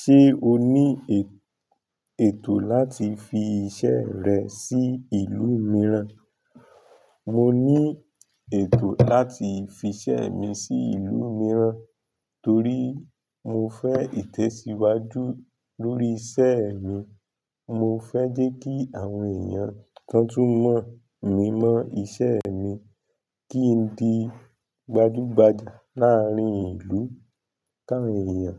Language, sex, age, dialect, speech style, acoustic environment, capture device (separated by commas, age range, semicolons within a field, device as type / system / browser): Yoruba, male, 25-34, Nigeria - Nigerian Standard, tired, nature; people talking; traffic, mobile / Linux / Chrome